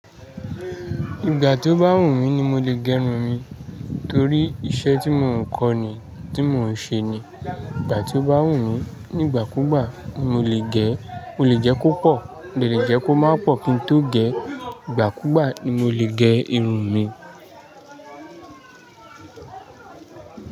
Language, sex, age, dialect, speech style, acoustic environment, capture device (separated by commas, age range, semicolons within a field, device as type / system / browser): Yoruba, male, 25-34, Nigeria - Lagos Yoruba, happy, nature; people talking, mobile / Linux / Chrome